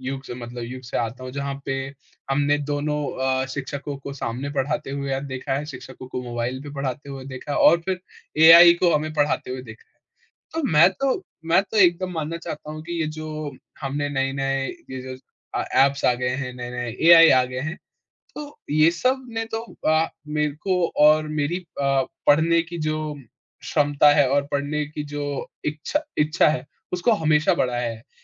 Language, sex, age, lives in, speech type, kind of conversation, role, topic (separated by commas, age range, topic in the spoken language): Hindi, male, 20-24, India, conversation, podcast, guest, पढ़ाई को मज़ेदार बनाने के कौन-कौन से तरीके हो सकते हैं?